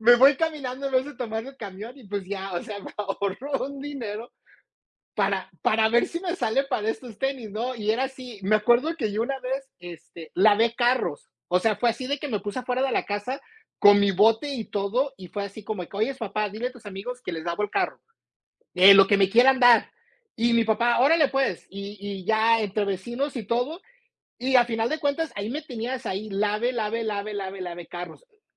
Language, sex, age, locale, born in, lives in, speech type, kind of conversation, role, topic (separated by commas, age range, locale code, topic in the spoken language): Spanish, male, 45-49, es-MX, United States, United States, conversation, unstructured, none, ¿Tienes algún recuerdo que siempre te haga sonreír?